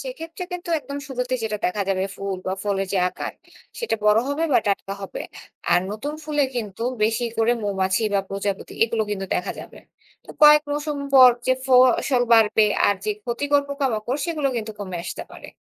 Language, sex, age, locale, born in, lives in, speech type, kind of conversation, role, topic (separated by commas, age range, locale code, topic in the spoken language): Bengali, female, 25-29, bn-BD, Bangladesh, Bangladesh, read, expert-assistant, expert, কেন মৌমাছি ও প্রজাপতির সংখ্যা কমে গেলে আমাদের ফল ও সবজির চাষে সমস্যা দেখা দেয়?